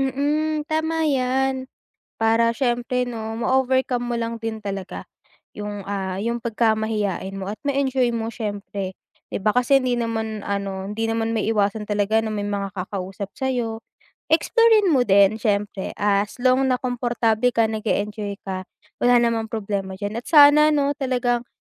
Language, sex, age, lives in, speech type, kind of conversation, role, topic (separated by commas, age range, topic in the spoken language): Filipino, female, 20-24, Philippines, conversation, advice, advisor, Paano ako magiging mas kumportable sa malalaking pagtitipon kapag nahihiya ako?